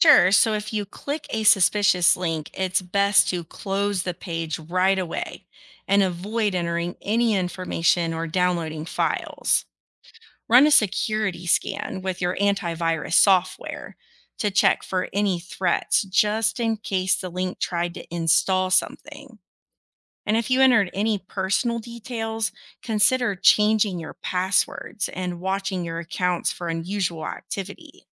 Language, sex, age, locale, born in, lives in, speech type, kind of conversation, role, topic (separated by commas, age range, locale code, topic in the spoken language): English, female, 35-39, en-US, United States, United States, read, expert-assistant, expert, How does the internet work and connect people worldwide?